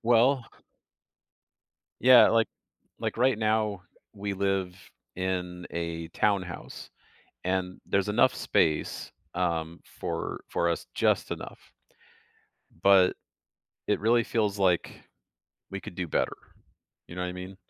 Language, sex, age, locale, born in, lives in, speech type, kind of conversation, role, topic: English, male, 45-49, en-US, Canada, United States, conversation, advice, user, How can I reduce stress while making a major decision?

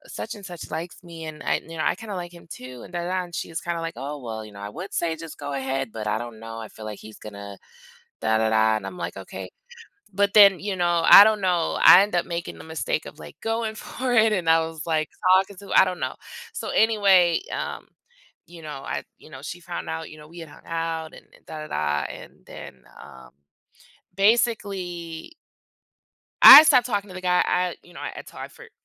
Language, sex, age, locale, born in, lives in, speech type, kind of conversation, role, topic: English, female, 25-29, en-US, United States, United States, conversation, podcast, guest, Why is forgiveness important for our emotional well-being and relationships?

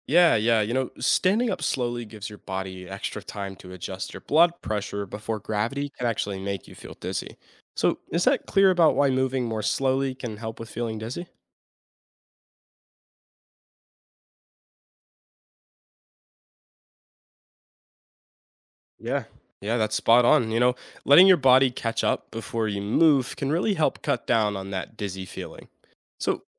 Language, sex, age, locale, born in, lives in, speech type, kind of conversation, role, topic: English, male, 18-19, en-US, United States, United States, read, expert-assistant, expert, Why do you sometimes feel dizzy when you stand up quickly?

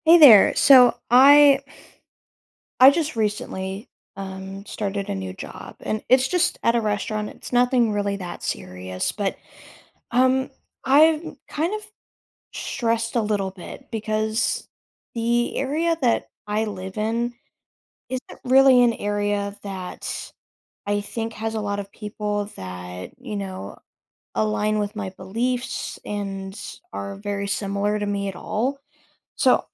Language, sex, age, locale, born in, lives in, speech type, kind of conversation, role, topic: English, other, 20-24, en-US, United States, United States, conversation, advice, user, How can I fit in at my new job?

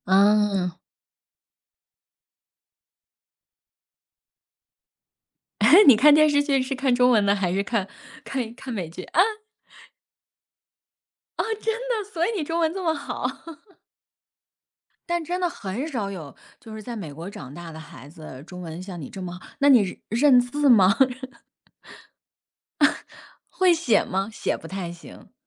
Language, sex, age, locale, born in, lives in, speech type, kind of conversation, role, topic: Chinese, female, 40-44, zh-CN, China, United States, conversation, unstructured, none, 你周末最喜欢做什么活动？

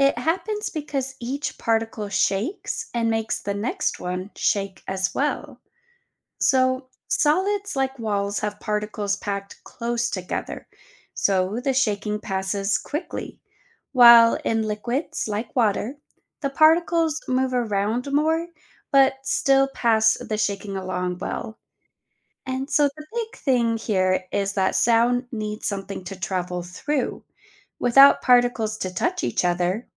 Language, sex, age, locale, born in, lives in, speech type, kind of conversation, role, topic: English, female, 30-34, en-US, United States, United States, read, expert-assistant, expert, How does sound travel through different materials?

